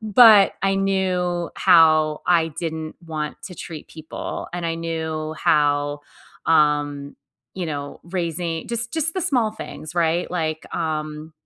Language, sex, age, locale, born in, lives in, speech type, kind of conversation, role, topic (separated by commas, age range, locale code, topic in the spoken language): English, female, 45-49, en-US, United States, United States, conversation, unstructured, none, Have you ever been stuck in a toxic work environment?